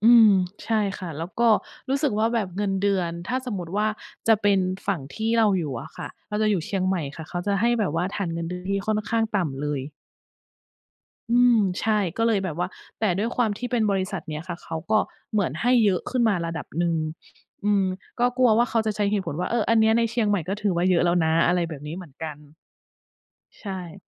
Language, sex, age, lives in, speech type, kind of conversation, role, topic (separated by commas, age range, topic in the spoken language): Thai, female, 40-44, Thailand, conversation, unstructured, none, ถ้าคุณต้องเจรจาเรื่องเงินเดือน คุณจะเตรียมตัวอย่างไร?